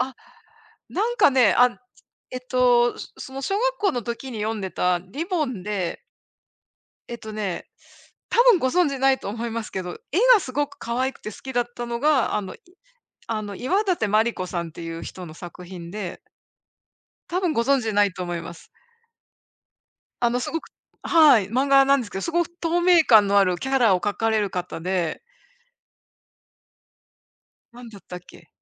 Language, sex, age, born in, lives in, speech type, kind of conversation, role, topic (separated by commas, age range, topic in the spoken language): Japanese, female, 55-59, Japan, United States, conversation, unstructured, none, 漫画やアニメは好きですか？